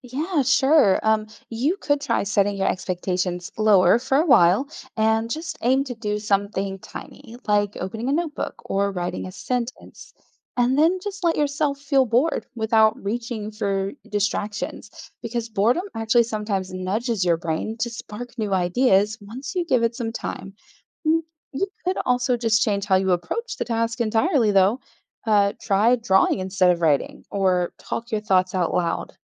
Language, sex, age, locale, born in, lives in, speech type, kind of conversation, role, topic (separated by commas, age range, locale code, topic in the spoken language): English, female, 35-39, en-US, Germany, United States, read, expert-assistant, expert, How can you find inspiration when you feel stuck or unmotivated?